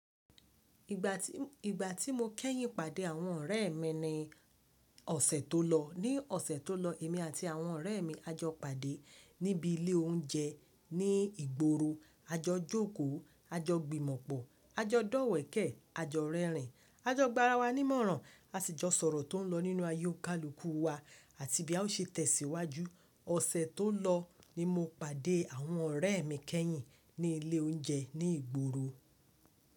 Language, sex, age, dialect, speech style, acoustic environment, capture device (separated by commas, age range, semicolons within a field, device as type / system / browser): Yoruba, female, 25-34, Nigeria - Lagos Yoruba, happy; focused, nature; silence, mobile / Linux / Chrome